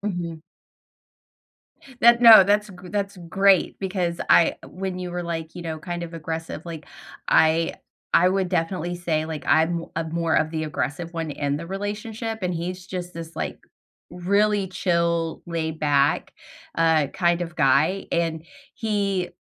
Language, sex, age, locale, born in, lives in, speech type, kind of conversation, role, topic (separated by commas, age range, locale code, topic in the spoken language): English, female, 40-44, en-US, United States, United States, conversation, unstructured, none, Who makes decisions in your groups, and how do you feel about those choices?